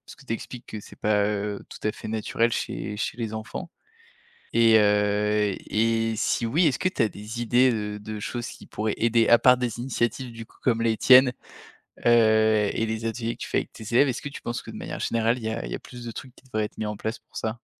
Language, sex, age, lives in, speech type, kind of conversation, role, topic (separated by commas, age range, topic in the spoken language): French, male, 30-34, France, conversation, podcast, host, Comment pratiquer l’écoute active à la maison sans interrompre l’autre ?